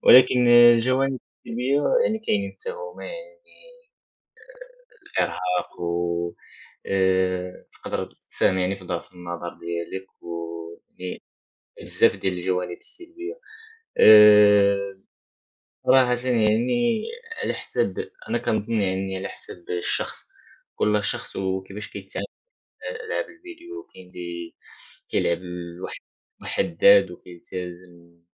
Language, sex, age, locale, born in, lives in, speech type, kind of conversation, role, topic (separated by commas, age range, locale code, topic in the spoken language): Arabic, male, 18-19, ar-MA, Morocco, France, conversation, unstructured, none, إيه رأيك في تأثير الألعاب الإلكترونية على الشباب؟